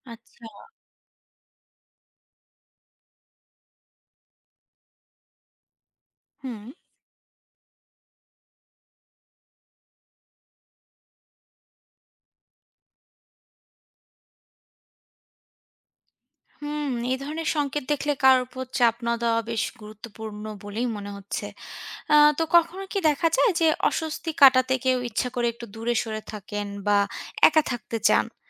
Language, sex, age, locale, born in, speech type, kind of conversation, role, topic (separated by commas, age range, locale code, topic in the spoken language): Bengali, female, 25-29, bn-BD, Bangladesh, read, expert-assistant, asker, বন্ধুত্ব বা প্রেমের সম্পর্কে কথোপকথন মজবুত করার জন্য কী কী নতুন উপায় চেষ্টা করা যেতে পারে?